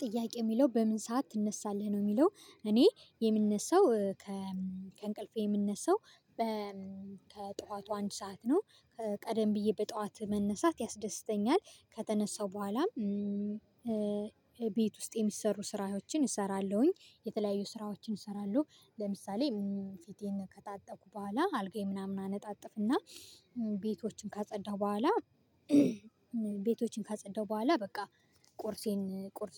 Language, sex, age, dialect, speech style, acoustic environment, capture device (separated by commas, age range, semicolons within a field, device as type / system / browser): Amharic, female, 25-34, Ethiopia - Gojjam, focused, silence, mobile / Linux / Chrome